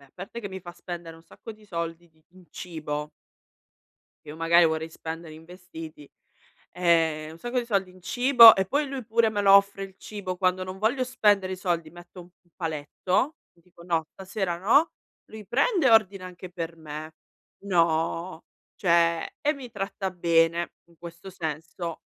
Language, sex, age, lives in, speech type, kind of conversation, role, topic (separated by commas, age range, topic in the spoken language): Italian, female, 35-39, Italy, conversation, advice, user, Come posso capire se sto comprando per un bisogno reale o perché influenzato dalla moda e dai social media?